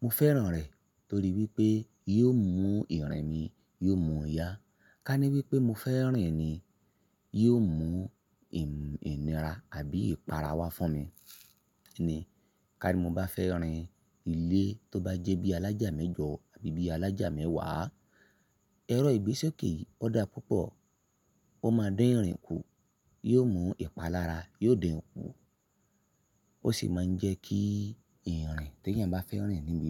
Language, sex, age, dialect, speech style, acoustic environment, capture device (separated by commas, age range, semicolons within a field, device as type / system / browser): Yoruba, male, 25-34, Nigeria - Ibadan, happy; relaxed; excited, silence; nature, mobile / Linux / Chrome